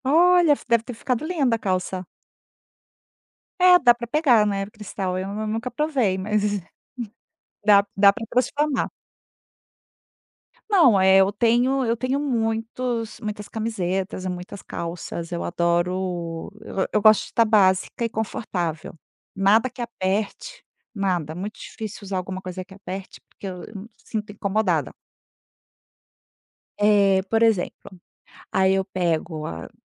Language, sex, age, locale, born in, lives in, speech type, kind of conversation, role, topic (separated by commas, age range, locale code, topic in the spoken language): Portuguese, female, 50-54, pt-BR, Brazil, Spain, conversation, podcast, guest, Como você transforma um look básico em algo único?